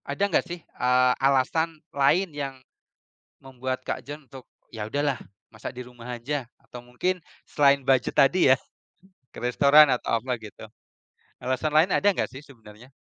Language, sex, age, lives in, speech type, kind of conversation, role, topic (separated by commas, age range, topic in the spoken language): Indonesian, male, 35-39, Indonesia, conversation, unstructured, none, Bagaimana kamu memutuskan apakah akan makan di rumah atau di restoran?